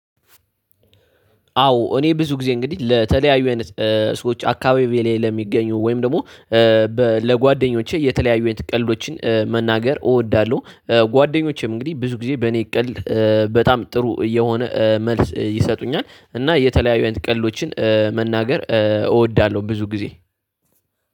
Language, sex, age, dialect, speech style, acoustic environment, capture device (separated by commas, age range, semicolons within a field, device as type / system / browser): Amharic, male, 18-24, Ethiopia - Addis Ababa, tired, nature, desktop / Linux / Chrome